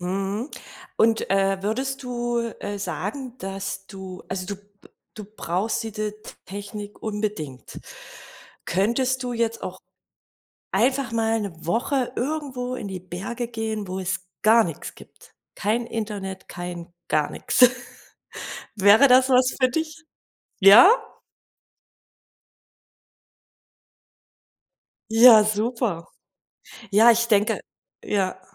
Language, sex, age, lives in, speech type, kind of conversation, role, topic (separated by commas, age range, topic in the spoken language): German, female, 40-44, Germany, conversation, podcast, host, Wie kann Technik dabei helfen, Familie und Beruf besser zu vereinbaren?